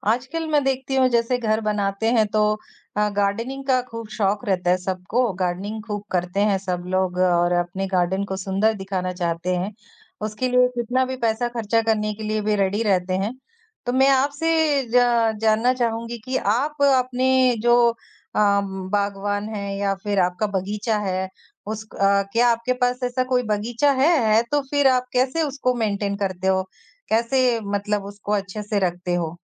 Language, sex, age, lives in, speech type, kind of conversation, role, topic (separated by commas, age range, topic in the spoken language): Hindi, female, 20-24, India, conversation, podcast, host, बागवानी शुरू करने के लिए तुम क्या सलाह दोगे?